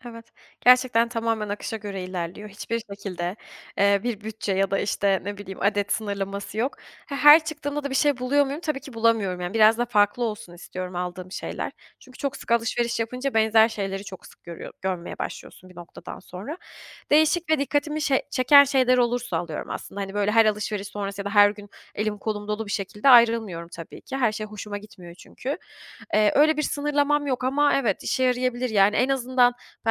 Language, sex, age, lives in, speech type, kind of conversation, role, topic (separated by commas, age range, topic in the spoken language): Turkish, female, 25-29, Germany, conversation, advice, user, Duygusal sıkıntıyla başa çıkmak için alışverişe yöneldiğiniz oluyor mu?